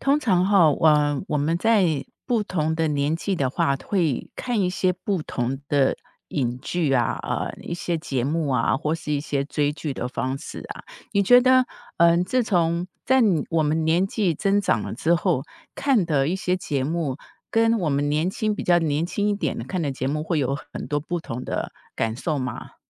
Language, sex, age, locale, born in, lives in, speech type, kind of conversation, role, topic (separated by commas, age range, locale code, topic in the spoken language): Chinese, female, 55-59, zh-TW, Taiwan, United States, conversation, podcast, host, 随着年龄增长，你的观影习惯发生了哪些变化？